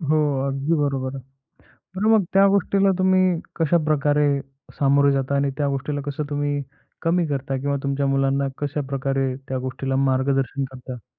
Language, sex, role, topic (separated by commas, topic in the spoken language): Marathi, male, host, माहितीच्या भरमसाटीत आपल्या मुलांना योग्य मार्गदर्शन कसे कराल?